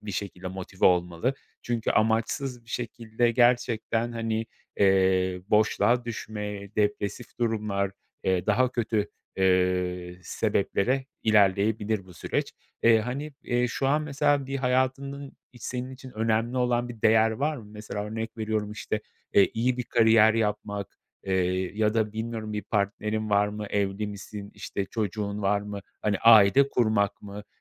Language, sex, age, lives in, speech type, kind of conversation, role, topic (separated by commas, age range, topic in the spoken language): Turkish, male, 35-39, Poland, conversation, advice, advisor, Hayat amacımı bulamadığım için yönsüz hissettiğimde ne yapabilirim?